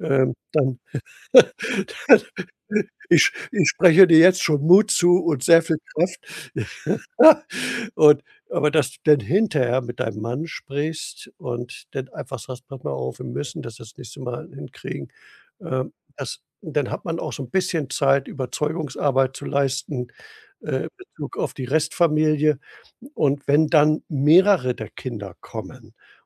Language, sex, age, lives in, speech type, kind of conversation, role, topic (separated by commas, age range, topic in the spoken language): German, male, 65-69, Germany, conversation, advice, advisor, Wie kann ich eine Einladung oder Bitte höflich ablehnen?